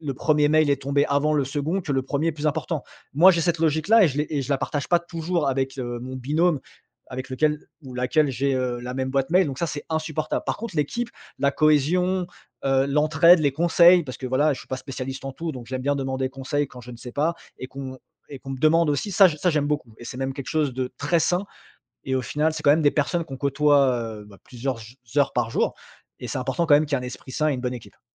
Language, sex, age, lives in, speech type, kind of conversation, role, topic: French, male, 35-39, France, conversation, podcast, guest, Salaire ou sens : qu’est-ce qui compte le plus pour toi ?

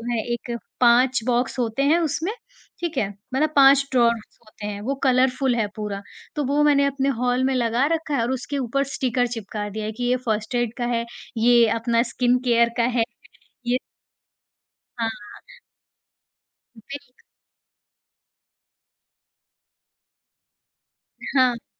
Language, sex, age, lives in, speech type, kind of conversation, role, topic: Hindi, female, 40-44, India, conversation, podcast, guest, छोटे घर में आप जगह कैसे बचाते हैं?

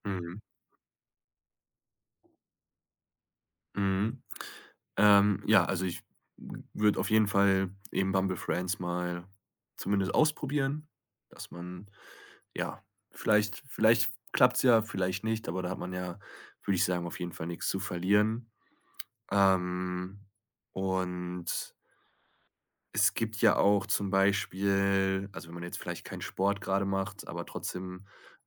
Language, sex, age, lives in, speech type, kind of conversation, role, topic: German, male, 25-29, Germany, conversation, podcast, guest, Wann hast du zuletzt etwas völlig Neues ausprobiert?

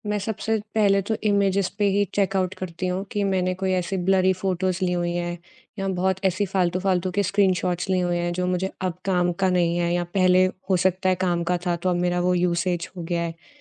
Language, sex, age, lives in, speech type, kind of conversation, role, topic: Hindi, female, 30-34, India, conversation, podcast, guest, Digital clutter saaf karne ka tumhara weekend ritual kaisa hota hai?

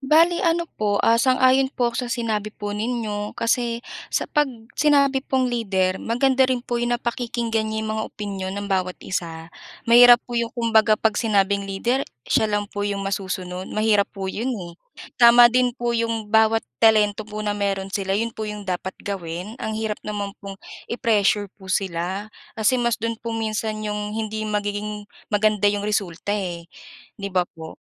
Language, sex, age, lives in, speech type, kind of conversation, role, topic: Filipino, female, 25-29, Philippines, conversation, unstructured, none, Ano ang inyong sikreto sa mahusay na pagtutulungan sa mga proyekto sa paaralan?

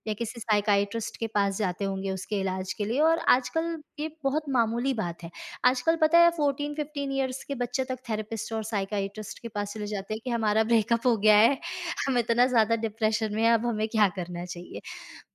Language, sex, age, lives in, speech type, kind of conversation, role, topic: Hindi, female, 25-29, India, conversation, podcast, guest, आपको स्क्रीन के सामने बिताया जाने वाला समय सीमित करना ज़रूरी क्यों लगता है?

